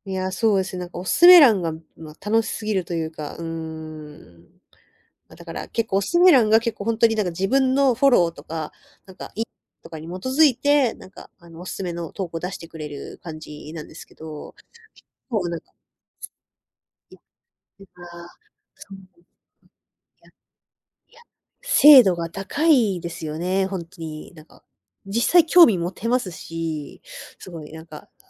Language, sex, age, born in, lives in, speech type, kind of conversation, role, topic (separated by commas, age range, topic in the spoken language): Japanese, female, 20-24, Japan, Japan, conversation, advice, user, スマホやSNSで時間を浪費して仕事に集中できない状況を、どうすれば改善できますか？